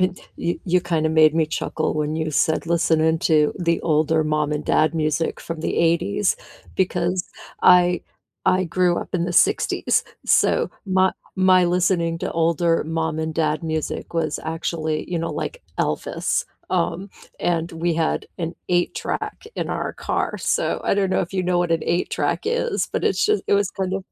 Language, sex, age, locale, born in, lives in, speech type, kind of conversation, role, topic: English, female, 55-59, en-US, United States, United States, conversation, unstructured, none, What has been keeping you company lately—music or podcasts—and why?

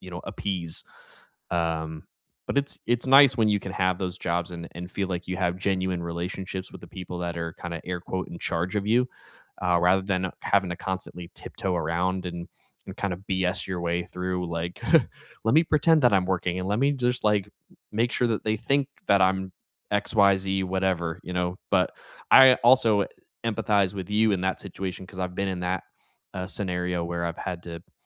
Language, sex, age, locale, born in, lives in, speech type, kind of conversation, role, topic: English, male, 30-34, en-US, United States, United States, conversation, unstructured, none, What have you learned—both good and bad—from a memorable manager or mentor?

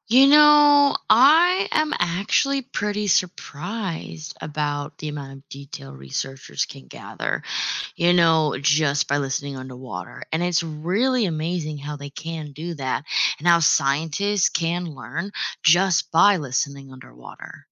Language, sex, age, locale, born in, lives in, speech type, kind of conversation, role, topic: English, female, 25-29, en-US, United States, United States, read, expert-assistant, asker, How do whales communicate with each other across long distances?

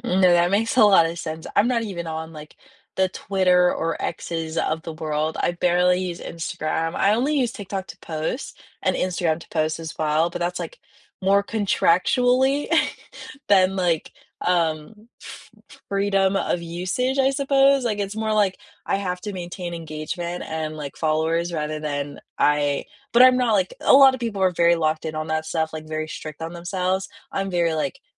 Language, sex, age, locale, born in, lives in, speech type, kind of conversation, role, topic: English, female, 20-24, en-US, United States, United States, conversation, unstructured, none, When you unplug for an hour, what offline ritual makes you feel most grounded, and why?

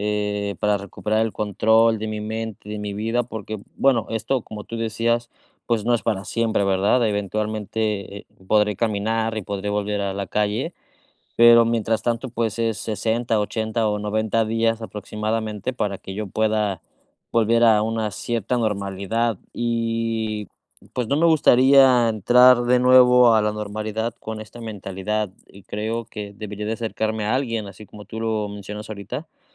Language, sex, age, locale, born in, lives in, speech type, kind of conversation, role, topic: Spanish, male, 30-34, es-MX, Mexico, Mexico, conversation, advice, user, ¿Cómo puedo manejar la incertidumbre después de un cambio significativo?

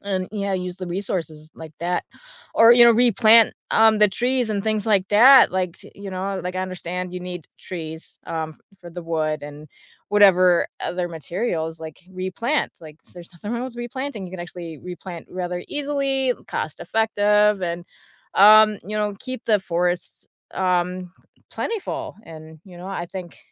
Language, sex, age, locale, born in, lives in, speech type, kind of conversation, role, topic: English, female, 35-39, en-US, United States, United States, conversation, unstructured, none, What impact do you think deforestation has on animals?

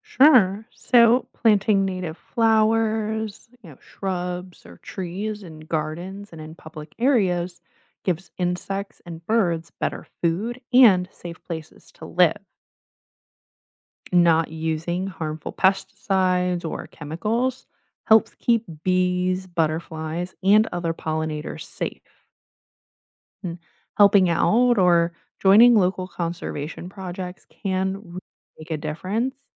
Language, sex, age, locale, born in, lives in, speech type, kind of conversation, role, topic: English, female, 25-29, en-US, United States, United States, read, expert-assistant, expert, Why do some animals live only in certain habitats?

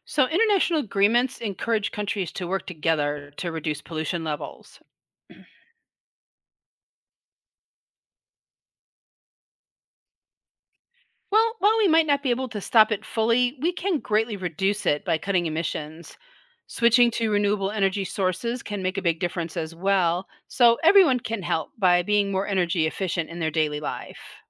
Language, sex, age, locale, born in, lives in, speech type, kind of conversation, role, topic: English, female, 60-64, en-US, United States, United States, read, expert-assistant, expert, What are the causes and effects of acid rain on ecosystems and structures?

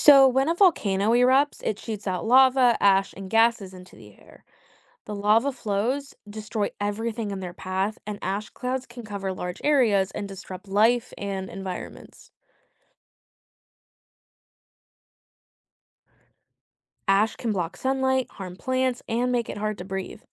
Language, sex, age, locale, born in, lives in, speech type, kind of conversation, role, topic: English, female, 25-29, en-US, United States, United States, read, expert-assistant, expert, How do volcanoes cause destruction when they erupt?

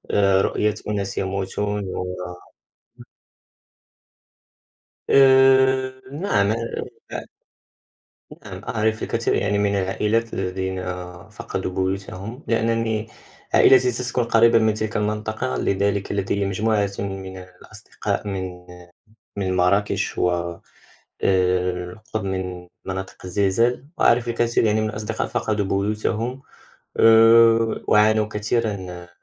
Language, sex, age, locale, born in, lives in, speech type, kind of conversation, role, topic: Arabic, male, 18-19, ar-MA, Morocco, France, conversation, unstructured, none, إزاي الكوارث الطبيعية ممكن تتسبب في انهيار المجتمعات؟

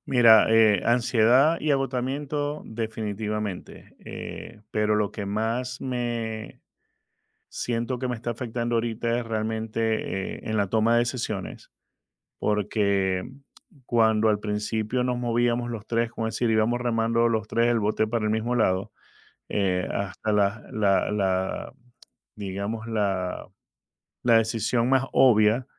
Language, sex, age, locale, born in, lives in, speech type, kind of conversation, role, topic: Spanish, male, 50-54, es-VE, Venezuela, United States, conversation, advice, user, ¿Cómo vives la soledad y la falta de apoyo emocional al dirigir tu empresa?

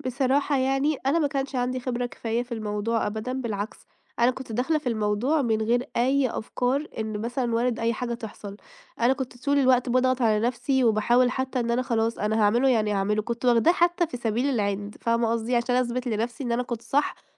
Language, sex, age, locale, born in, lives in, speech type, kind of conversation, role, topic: Arabic, female, 25-29, ar-EG, Egypt, Italy, conversation, advice, user, إزاي أبطل أضيّع فرص نجاحي بسبب الشك الزيادة والانسحاب؟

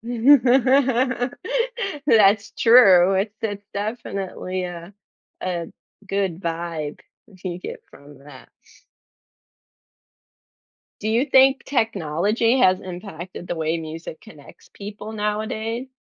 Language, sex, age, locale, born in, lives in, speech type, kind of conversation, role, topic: English, female, 35-39, en-US, United States, United States, conversation, podcast, host, In what ways can music help build connections between people from different backgrounds?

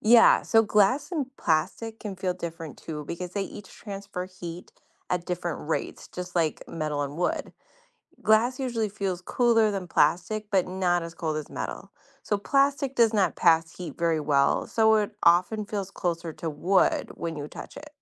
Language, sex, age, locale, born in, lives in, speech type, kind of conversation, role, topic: English, female, 40-44, en-US, United States, United States, read, expert-assistant, expert, Why does metal feel colder than wood at the same temperature?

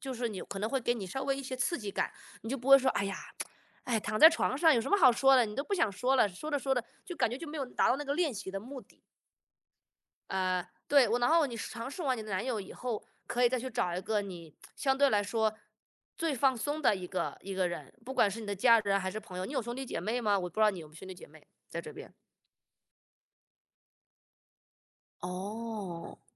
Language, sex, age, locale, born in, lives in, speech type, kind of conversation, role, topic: Chinese, male, 35-39, zh-CN, United States, United States, conversation, advice, advisor, 我该怎么做才能更真实地表达自己，说出真心话？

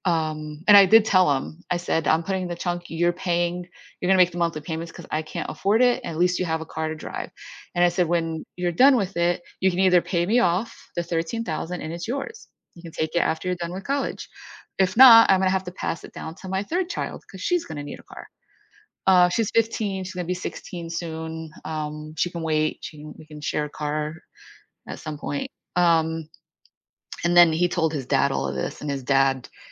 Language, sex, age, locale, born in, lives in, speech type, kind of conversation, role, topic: English, female, 50-54, en-US, United States, United States, conversation, advice, user, How can I improve communication with my partner when we keep misunderstanding each other?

